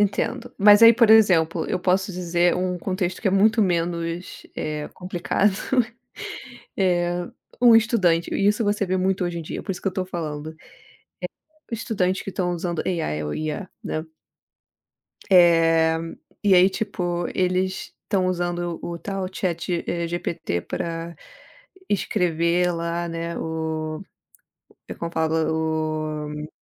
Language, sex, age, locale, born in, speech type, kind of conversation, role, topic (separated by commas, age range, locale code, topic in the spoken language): Portuguese, female, 30-34, pt-BR, Brazil, conversation, unstructured, none, O que você faria se tivesse que escolher entre o que é fácil e o que é certo?